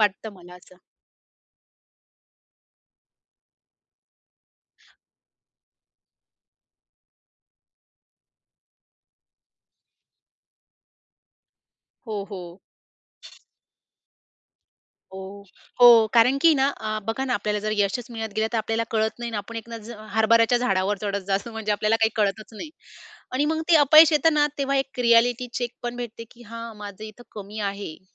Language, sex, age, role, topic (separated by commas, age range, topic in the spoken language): Marathi, female, 30-34, guest, अपयश आलं की तुम्ही त्याचा सामना कसा करता?